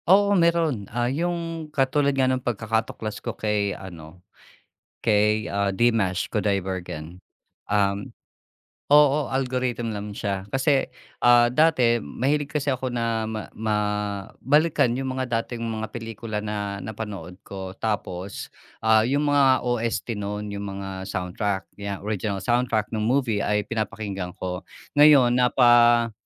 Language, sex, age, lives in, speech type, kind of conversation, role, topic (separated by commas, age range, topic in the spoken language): Filipino, male, 45-49, Philippines, conversation, podcast, guest, Paano ka naghahanap ng bagong musika na babagay sa iyo?